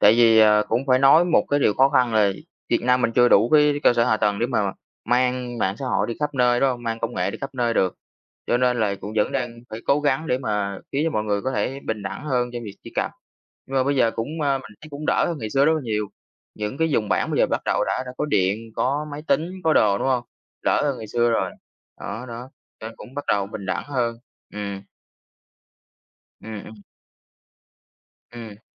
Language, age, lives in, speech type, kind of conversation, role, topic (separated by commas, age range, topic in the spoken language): Vietnamese, 20-24, Vietnam, conversation, unstructured, none, Công nghệ có khiến xã hội trở nên bất bình đẳng hơn không?